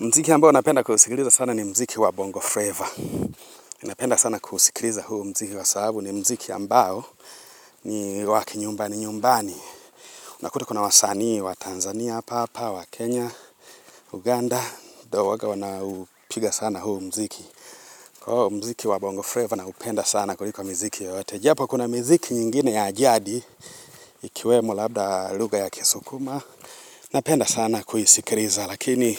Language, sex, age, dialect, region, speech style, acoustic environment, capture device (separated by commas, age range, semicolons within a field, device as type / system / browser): Swahili, male, 25-34, Tanzania - Dar es Salaam, Tanzania, excited; happy, nature, mobile / Linux / Chrome